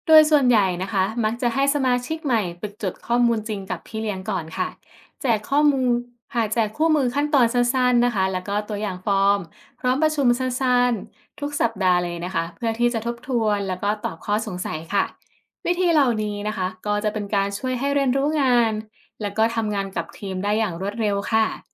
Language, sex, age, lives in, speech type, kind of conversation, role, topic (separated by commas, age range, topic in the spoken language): Thai, female, 25-29, Thailand, read, expert-assistant, expert, ประสบการณ์หรือเคล็ดลับอะไรที่ช่วยให้ฟาร์มปศุสัตว์เติบโตได้อย่างต่อเนื่อง